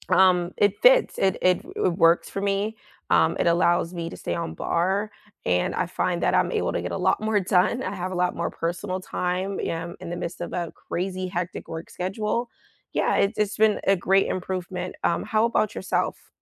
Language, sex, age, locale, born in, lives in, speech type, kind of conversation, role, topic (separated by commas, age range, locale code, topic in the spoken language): English, female, 25-29, en-US, United States, United States, conversation, unstructured, none, What small daily habits make your life feel better, and how can we encourage each other to stick with them?